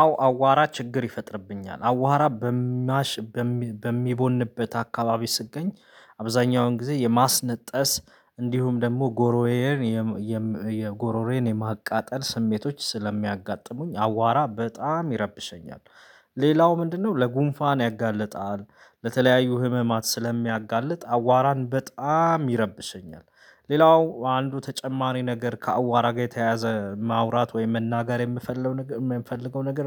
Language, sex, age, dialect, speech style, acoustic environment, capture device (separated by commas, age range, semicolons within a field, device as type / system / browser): Amharic, male, 25-34, Ethiopia - Addis Ababa, excited, silence, mobile / Linux / Chrome